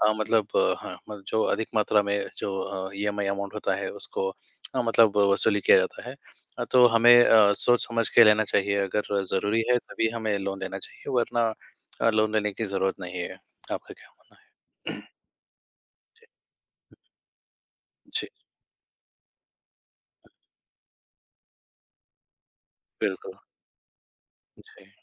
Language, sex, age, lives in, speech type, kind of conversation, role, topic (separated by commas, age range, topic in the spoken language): Hindi, male, 20-24, India, conversation, unstructured, none, कर्ज़ लेना सही है या गलत, और क्यों?